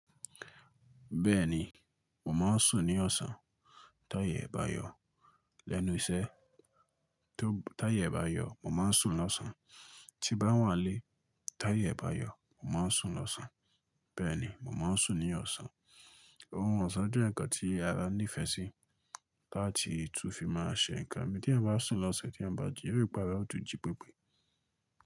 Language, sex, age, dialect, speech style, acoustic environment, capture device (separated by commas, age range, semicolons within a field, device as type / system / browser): Yoruba, male, 25-34, Nigeria - Ibadan, focused; excited; relaxed, appliances; nature; silence, mobile / Linux / Chrome